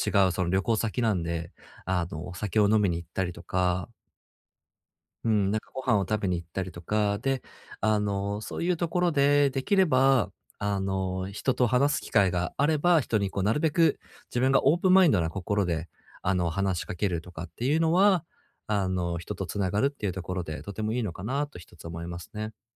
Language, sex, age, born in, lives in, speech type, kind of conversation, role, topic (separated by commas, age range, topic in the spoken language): Japanese, male, 30-34, Japan, Japan, conversation, advice, advisor, 環境を変えて新しいアイデアを生み出すにはどうすればいいですか？